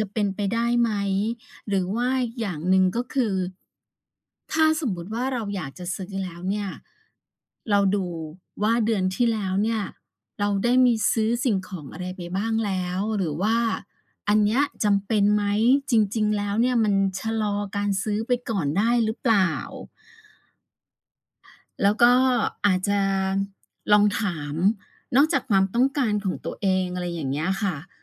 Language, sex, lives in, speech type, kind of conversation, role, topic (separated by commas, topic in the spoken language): Thai, female, Thailand, conversation, advice, advisor, คุณเคยซื้อของที่ไม่จำเป็นเพราะกลัวพลาดโปรโมชั่นหรือดีลดีๆ แล้วเอามาเก็บไว้ไหม?